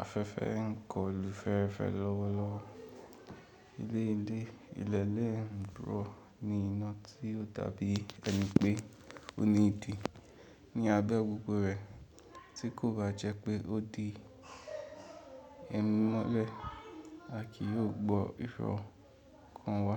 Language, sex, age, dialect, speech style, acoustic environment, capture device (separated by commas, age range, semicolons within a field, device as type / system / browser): Yoruba, male, 18-24, Nigeria - Ibadan, relaxed, people talking, mobile / Linux / Chrome